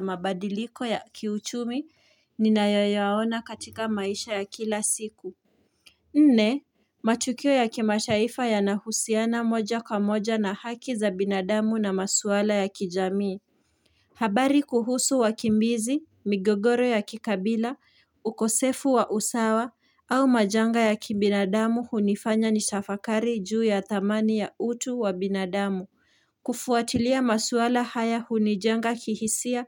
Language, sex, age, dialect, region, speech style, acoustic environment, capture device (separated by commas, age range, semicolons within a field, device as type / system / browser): Swahili, female, 18-24, Kenya - Nairobi Sheng-influenced, Kenya, relaxed; focused; excited, nature, mobile / Linux / Chrome